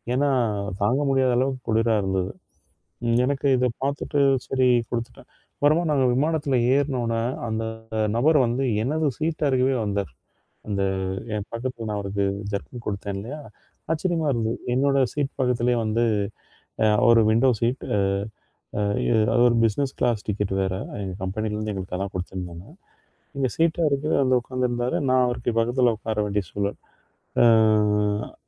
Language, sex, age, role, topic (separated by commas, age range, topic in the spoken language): Tamil, male, 40-44, guest, பயணத்தின் போது நீங்கள் சந்தித்த ஒருவர் உங்கள் பயணத்தை எந்த விதத்தில் மாற்றினார்?